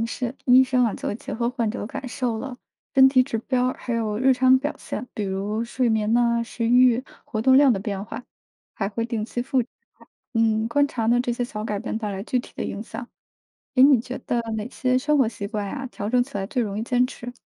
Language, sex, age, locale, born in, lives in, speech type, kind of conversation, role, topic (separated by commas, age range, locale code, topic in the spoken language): Chinese, female, 30-34, zh-CN, China, United States, read, expert-assistant, expert, 在和来自不同地方的人交流健康和医疗时，怎样才能更有效地理解对方的想法？